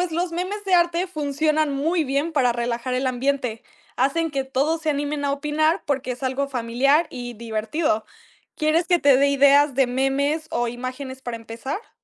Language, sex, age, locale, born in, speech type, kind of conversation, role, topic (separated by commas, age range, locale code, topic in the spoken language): Spanish, female, 18-19, es-MX, Mexico, read, expert-assistant, expert, ¿Cómo podríamos hacer que hablar sobre arte sea más divertido y menos intimidante?